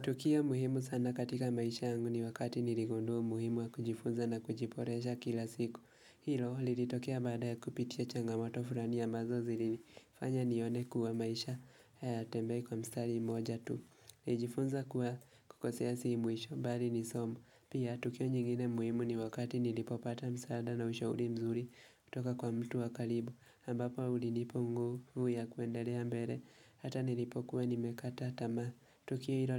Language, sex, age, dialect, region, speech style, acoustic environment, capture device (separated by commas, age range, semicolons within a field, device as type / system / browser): Swahili, male, 18-24, Kenya - Nairobi Sheng-influenced, Kenya, focused, silence, mobile / Linux / Chrome